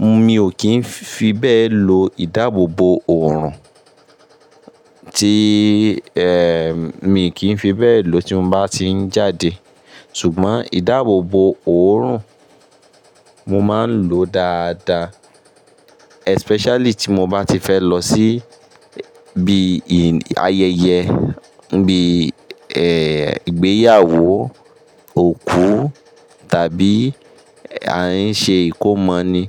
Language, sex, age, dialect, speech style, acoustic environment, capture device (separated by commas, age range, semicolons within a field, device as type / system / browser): Yoruba, male, 25-34, Nigeria - Ibadan, stressed, construction; appliances, mobile / Linux / Chrome